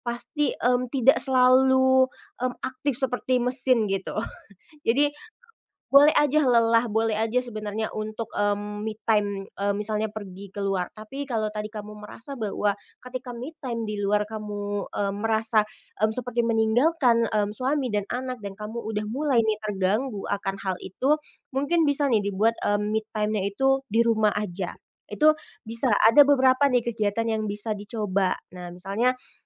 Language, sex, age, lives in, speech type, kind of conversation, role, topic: Indonesian, female, 25-29, Indonesia, conversation, advice, advisor, Mengapa saya merasa bersalah saat mengambil waktu istirahat?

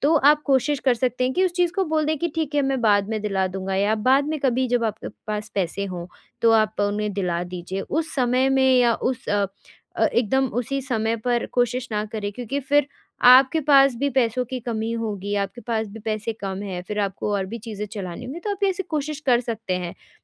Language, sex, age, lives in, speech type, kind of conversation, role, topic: Hindi, female, 20-24, India, conversation, advice, advisor, मुझे पैसों पर बात करने में असहजता क्यों होती है, और मैं अपनी बातचीत कैसे सुधार सकता/सकती हूँ?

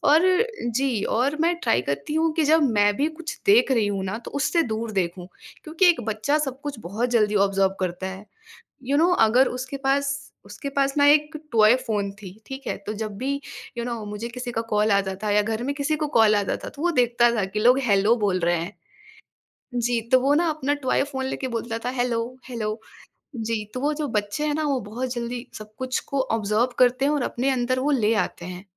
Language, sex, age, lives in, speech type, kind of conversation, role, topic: Hindi, female, 20-24, India, conversation, podcast, guest, Bachchon ka screen time aap kaise manage karte ho?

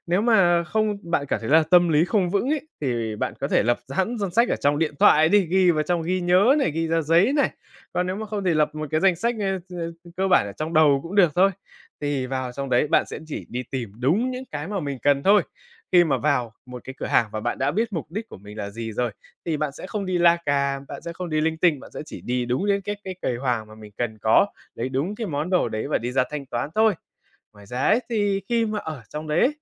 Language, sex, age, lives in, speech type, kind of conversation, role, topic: Vietnamese, male, 20-24, Vietnam, conversation, advice, advisor, Làm sao mua sắm thông minh khi ngân sách của tôi hạn chế?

